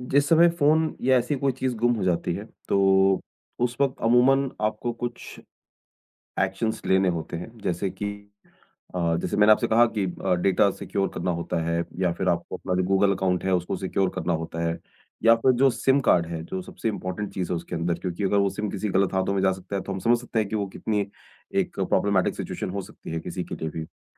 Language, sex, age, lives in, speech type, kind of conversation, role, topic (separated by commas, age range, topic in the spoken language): Hindi, male, 40-44, India, conversation, podcast, host, Travel ke dauran jab aapka phone ya paise chori ho gaye the, tab aapka pehla reaction kya tha?